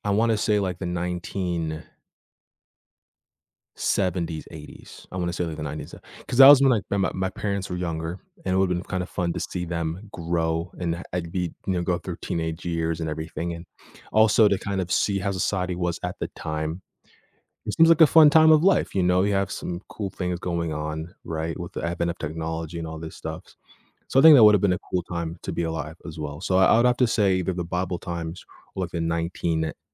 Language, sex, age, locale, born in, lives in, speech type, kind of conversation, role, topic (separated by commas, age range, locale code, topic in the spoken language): English, male, 18-19, en-US, Canada, United States, conversation, unstructured, none, Would you rather travel back in time or see the future?